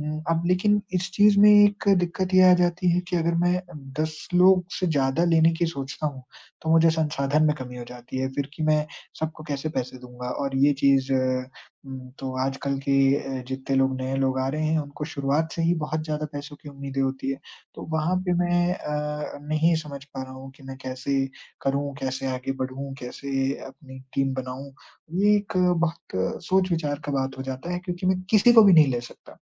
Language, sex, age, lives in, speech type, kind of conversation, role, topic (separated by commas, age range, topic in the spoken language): Hindi, male, 20-24, India, conversation, advice, user, टीम बनाना और संसाधन प्रबंधन